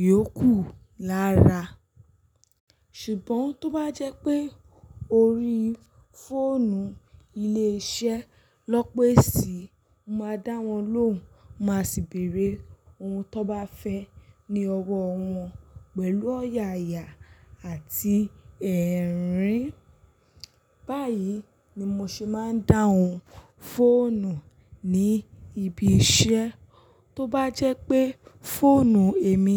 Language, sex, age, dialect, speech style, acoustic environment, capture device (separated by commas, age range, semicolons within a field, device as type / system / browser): Yoruba, female, 25-34, Nigeria - Lagos Yoruba, focused, silence, mobile / Linux / Chrome